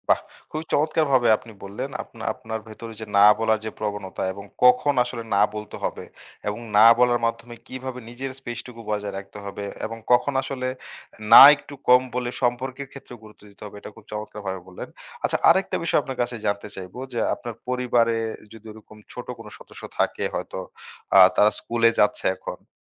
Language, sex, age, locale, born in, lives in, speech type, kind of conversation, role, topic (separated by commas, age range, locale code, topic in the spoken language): Bengali, male, 25-29, bn-BD, Bangladesh, Bangladesh, conversation, podcast, host, না বলতে শিখলে আপনার জীবনে কী পরিবর্তন আসে?